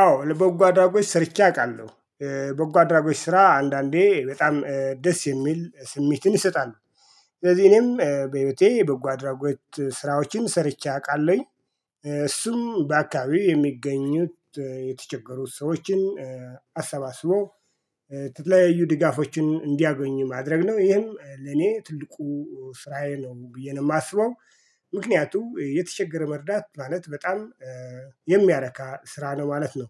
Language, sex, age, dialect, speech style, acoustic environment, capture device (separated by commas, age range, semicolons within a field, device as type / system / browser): Amharic, male, 45-54, Ethiopia - Addis Ababa, excited, silence, mobile / Linux / Chrome